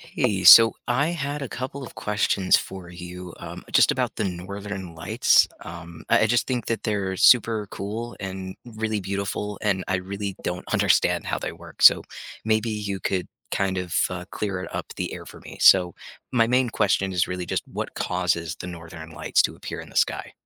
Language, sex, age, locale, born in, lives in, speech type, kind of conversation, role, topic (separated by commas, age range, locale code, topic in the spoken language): English, male, 25-29, en-US, United States, United States, read, expert-assistant, asker, What causes the Northern Lights to appear in the sky?